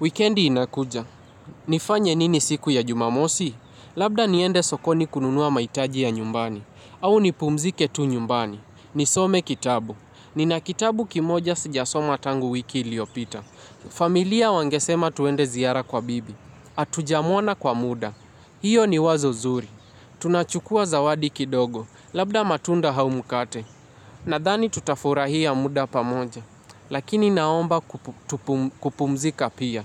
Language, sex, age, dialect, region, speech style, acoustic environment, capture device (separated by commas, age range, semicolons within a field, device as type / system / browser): Swahili, male, 18-24, Kenya - Mombasa, Kenya, happy, nature, mobile / Linux / Chrome